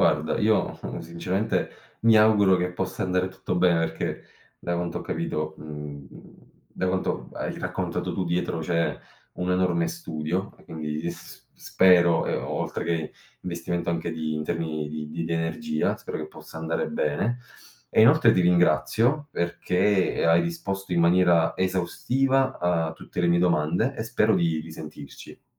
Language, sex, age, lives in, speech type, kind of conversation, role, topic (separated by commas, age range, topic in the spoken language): Italian, male, 25-29, Italy, conversation, podcast, host, Quando decidi che un progetto è davvero pronto per essere lanciato sul mercato?